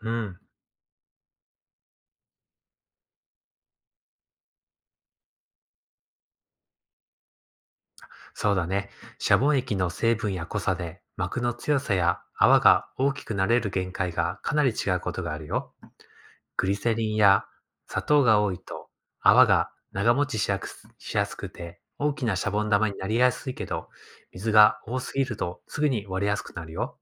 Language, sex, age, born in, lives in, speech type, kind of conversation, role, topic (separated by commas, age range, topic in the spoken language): Japanese, male, 35-39, Japan, Japan, read, expert-assistant, expert, どうしてシャボン玉は丸い形になるのですか？